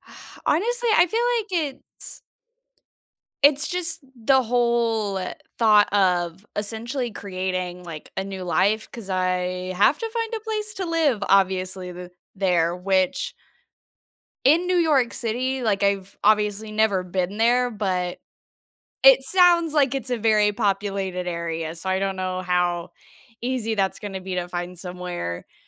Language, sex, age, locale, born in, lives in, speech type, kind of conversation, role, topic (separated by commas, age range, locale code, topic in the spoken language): English, female, 20-24, en-US, United States, United States, conversation, advice, user, How do I find housing and make friends in a new city?